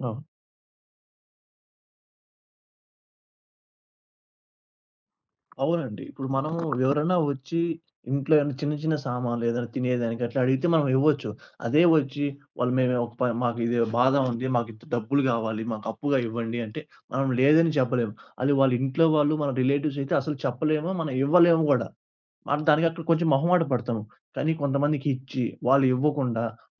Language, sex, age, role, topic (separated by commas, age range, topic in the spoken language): Telugu, male, 20-24, guest, మీరు ఎవరికైనా ‘లేదు’ అని చెప్పలేకపోతే మీకు ఎలా అనిపిస్తుంది?